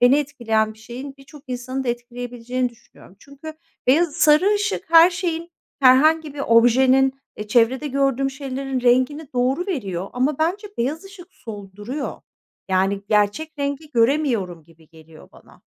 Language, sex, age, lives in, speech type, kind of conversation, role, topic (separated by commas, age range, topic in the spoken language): Turkish, female, 45-49, Netherlands, conversation, podcast, guest, Aydınlatma, senin için bir mekânın algısını nasıl etkiler?